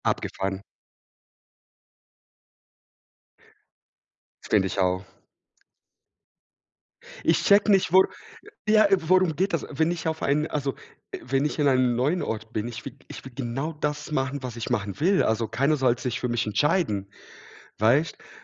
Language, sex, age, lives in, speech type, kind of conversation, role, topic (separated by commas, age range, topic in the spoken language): German, male, 30-34, Germany, conversation, unstructured, none, Worauf freust du dich am meisten, wenn du auf Reisen gehst?